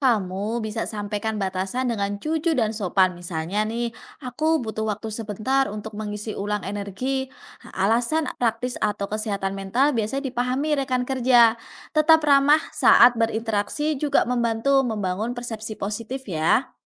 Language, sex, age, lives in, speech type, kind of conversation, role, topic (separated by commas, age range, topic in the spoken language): Indonesian, female, 30-34, Indonesia, read, expert-assistant, expert, Bagaimana saya bisa tetap percaya diri jika merasa kurang cocok dengan struktur sosial di tempat kerja?